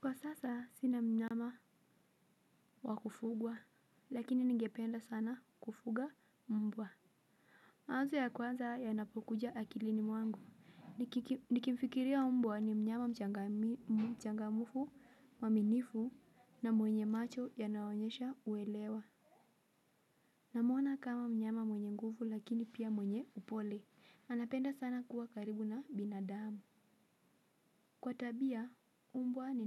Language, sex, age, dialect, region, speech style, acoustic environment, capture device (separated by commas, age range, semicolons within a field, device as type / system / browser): Swahili, female, 18-24, Kenya - Mombasa, Kenya, relaxed, silence, mobile / Linux / Chrome